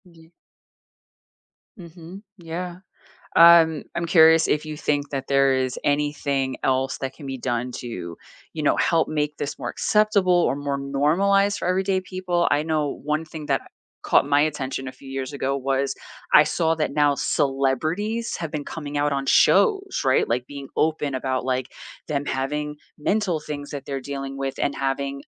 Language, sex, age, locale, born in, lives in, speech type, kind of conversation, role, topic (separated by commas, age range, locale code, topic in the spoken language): English, female, 40-44, en-US, United States, United States, conversation, unstructured, none, Why is there still such a strong stigma around therapy?